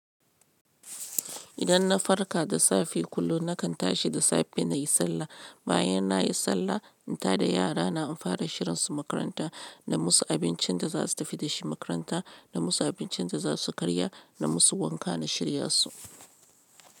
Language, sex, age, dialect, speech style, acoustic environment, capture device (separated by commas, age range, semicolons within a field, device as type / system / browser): Hausa, female, 35-44, Nigeria - Lagos Yoruba, happy, appliances, mobile / Linux / Chrome